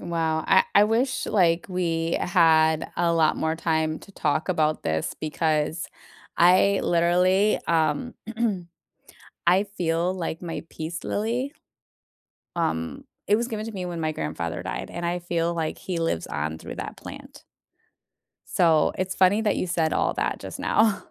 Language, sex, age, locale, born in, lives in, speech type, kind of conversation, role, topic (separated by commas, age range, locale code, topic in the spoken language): English, female, 40-44, en-US, United States, United States, conversation, unstructured, none, How do you care for and display houseplants at home?